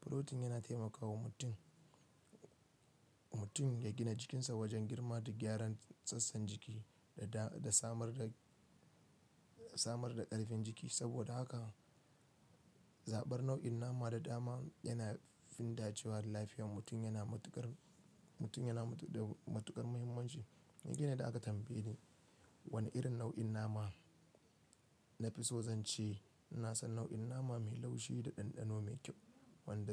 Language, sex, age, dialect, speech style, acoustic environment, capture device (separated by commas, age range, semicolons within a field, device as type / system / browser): Hausa, male, 25-34, Nigeria - Kano, relaxed, nature, desktop / Linux / Chrome